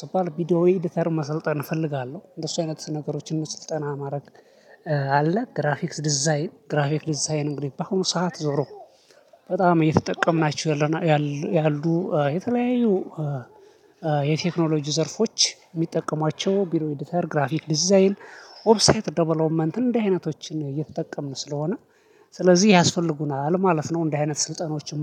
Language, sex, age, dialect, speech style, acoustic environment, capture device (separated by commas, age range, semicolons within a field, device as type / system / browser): Amharic, male, 25-34, Ethiopia - Addis Ababa, happy, silence, mobile / Linux / Chrome